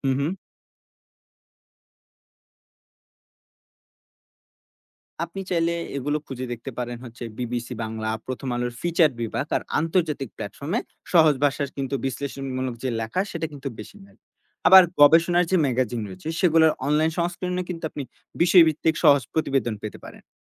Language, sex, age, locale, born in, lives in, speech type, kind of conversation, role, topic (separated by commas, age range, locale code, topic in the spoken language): Bengali, male, 20-24, bn-BD, Bangladesh, Bangladesh, read, expert-assistant, expert, নতুন মিডিয়া ট্রেন্ড বা খবর জানতে সবচেয়ে ভালো কোন কোন জায়গায় খোঁজ নিতে পারি?